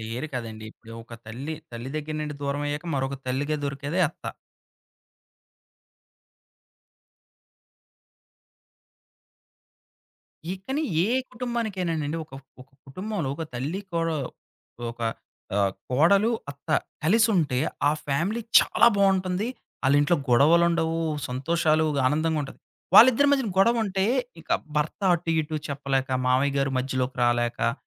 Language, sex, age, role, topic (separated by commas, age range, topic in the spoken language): Telugu, male, 30-34, host, సేవల ద్వారా మీ ఇంట్లో ప్రేమను ఎలా వ్యక్తం చేస్తారు?